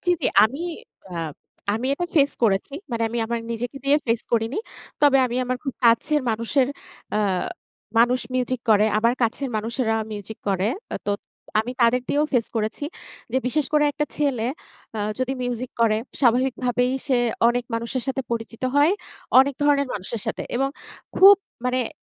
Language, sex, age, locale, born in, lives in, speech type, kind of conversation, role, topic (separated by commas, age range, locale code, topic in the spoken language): Bengali, female, 30-34, bn-BD, Bangladesh, Bangladesh, conversation, unstructured, none, আপনি কেন অন্যদের কাছে আপনার শখের কথা ঠিকভাবে বোঝাতে পারেন না?